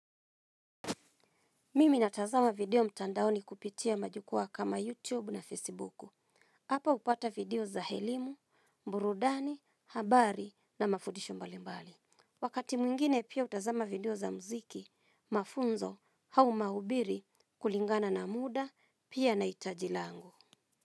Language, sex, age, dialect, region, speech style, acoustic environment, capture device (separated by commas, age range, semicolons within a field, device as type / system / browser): Swahili, female, 35-44, Kenya - Nairobi Sheng-influenced, Kenya, happy, silence, mobile / Linux / Chrome